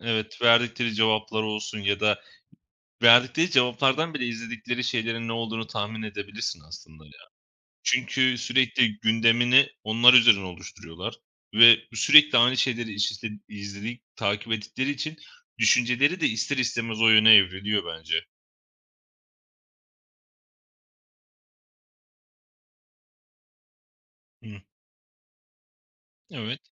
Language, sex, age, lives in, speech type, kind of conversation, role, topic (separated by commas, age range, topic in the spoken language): Turkish, male, 25-29, Poland, conversation, unstructured, none, Sosyal medyanın haber alma şeklimizi nasıl değiştirdiğini düşünüyor musun?